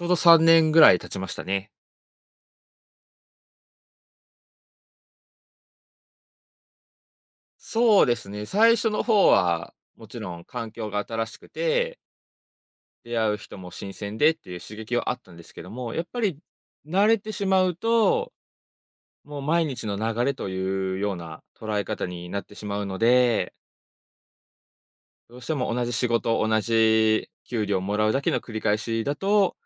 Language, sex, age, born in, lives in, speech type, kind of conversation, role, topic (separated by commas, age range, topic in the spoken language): Japanese, male, 25-29, Japan, Japan, conversation, advice, user, お金を稼ぐためだけの仕事にやりがいを感じられないのはなぜですか？